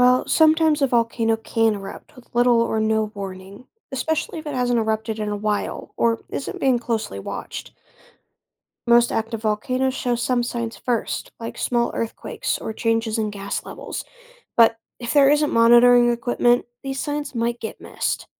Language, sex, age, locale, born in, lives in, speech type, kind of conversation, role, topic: English, other, 20-24, en-US, United States, United States, read, expert-assistant, expert, How do volcanoes erupt and how are eruptions predicted?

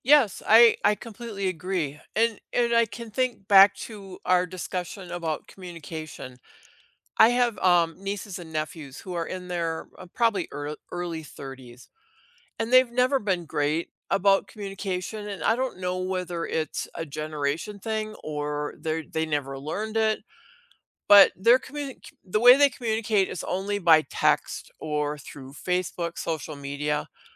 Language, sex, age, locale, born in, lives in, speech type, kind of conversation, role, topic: English, female, 60-64, en-US, United States, United States, conversation, unstructured, none, How can you build trust in a relationship through everyday honesty, reliability, and small, consistent actions?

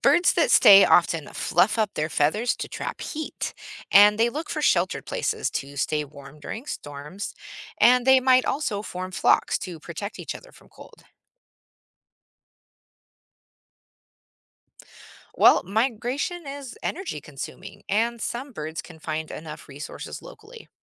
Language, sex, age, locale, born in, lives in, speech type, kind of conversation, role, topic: English, female, 40-44, en-US, United States, United States, read, expert-assistant, expert, How does animal behavior change with seasons?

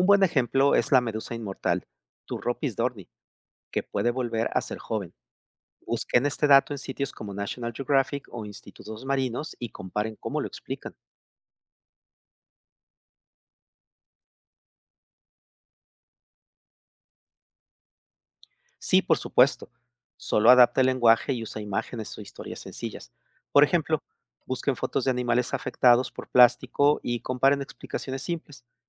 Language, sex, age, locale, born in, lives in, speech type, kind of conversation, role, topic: Spanish, male, 55-59, es-MX, Mexico, Mexico, read, expert-assistant, expert, ¿Cómo puedo saber si la información que encuentro sobre los océanos es confiable?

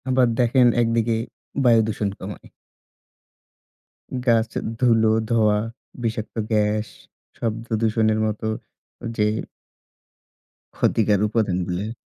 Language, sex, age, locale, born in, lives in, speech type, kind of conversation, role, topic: Bengali, male, 25-29, bn-BD, Bangladesh, Bangladesh, conversation, unstructured, none, গাছ লাগানো কেন আমাদের জন্য জরুরি?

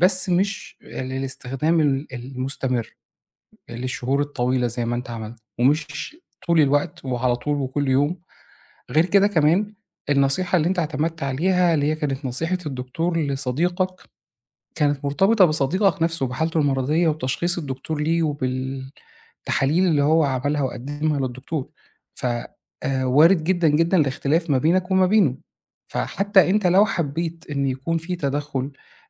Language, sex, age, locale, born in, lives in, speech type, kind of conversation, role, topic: Arabic, male, 40-44, ar-EG, Egypt, Egypt, conversation, advice, advisor, إزاي الكوابيس المتكررة بتأثر على جودة نومك؟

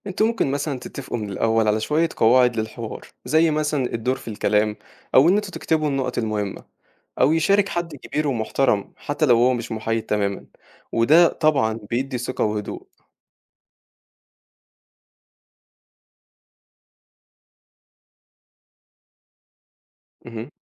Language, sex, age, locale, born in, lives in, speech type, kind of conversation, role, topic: Arabic, male, 18-19, ar-EG, Egypt, Egypt, read, expert-assistant, expert, إزاي الناس زمان حلوا المشاكل اللي كانت بينهم بسبب الدين، وناخد منهم أفكار تفيدنا دلوقتي؟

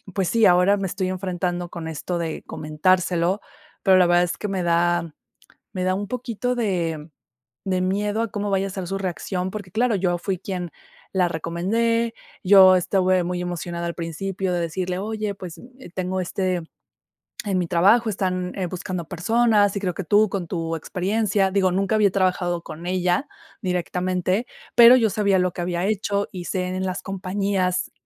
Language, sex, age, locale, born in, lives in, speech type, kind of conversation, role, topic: Spanish, female, 30-34, es-MX, Mexico, Mexico, conversation, advice, user, ¿Cómo puedo darle malas noticias a un amigo?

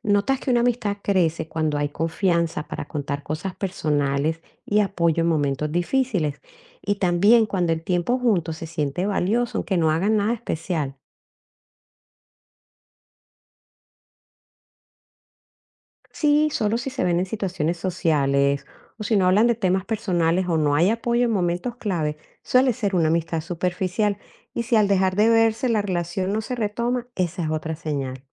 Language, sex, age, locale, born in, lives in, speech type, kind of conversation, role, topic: Spanish, female, 55-59, es-CO, Colombia, United States, read, expert-assistant, expert, ¿Por qué crees que algunas amistades marcan tanto nuestra vida y otras pasan desapercibidas?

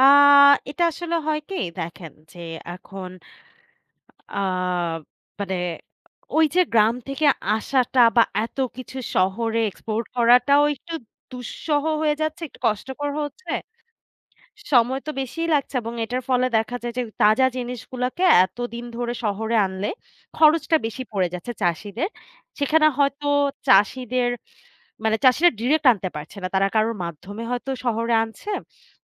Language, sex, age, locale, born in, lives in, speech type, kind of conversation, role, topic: Bengali, female, 25-29, bn-BD, Bangladesh, Bangladesh, conversation, podcast, guest, ঋতুর পরিবর্তনে শহর ও গ্রামের জীবনযাত্রায় কী কী পার্থক্য দেখা যায়?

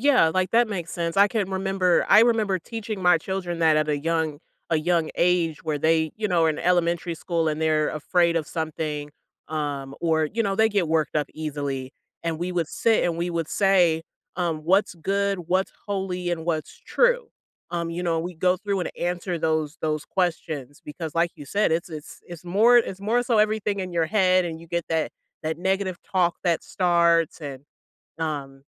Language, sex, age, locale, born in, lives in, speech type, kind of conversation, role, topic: English, female, 30-34, en-US, United States, United States, conversation, podcast, host, What are some effective ways people build confidence and move past self-doubt?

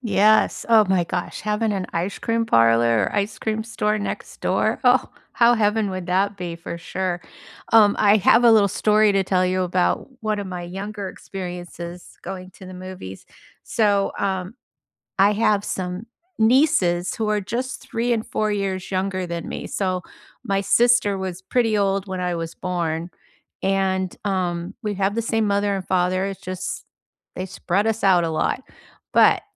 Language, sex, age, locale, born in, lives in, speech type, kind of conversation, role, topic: English, female, 65-69, en-US, United States, United States, conversation, unstructured, none, What was the first movie that made you fall in love with going to the theater, and what made that experience unforgettable?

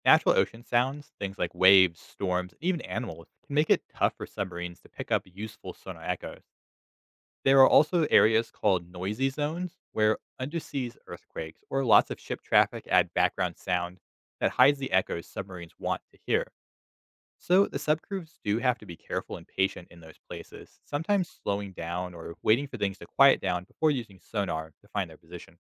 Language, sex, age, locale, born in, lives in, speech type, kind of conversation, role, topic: English, male, 25-29, en-US, United States, United States, read, expert-assistant, expert, How do submarines navigate underwater without being seen?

